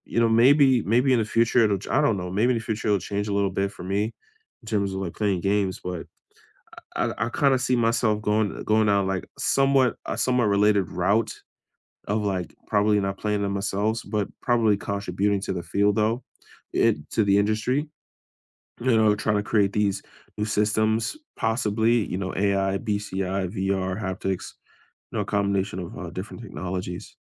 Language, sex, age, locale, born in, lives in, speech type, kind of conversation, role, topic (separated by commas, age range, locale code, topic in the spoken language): English, male, 25-29, en-US, United States, United States, conversation, unstructured, none, Where do you see yourself in five years?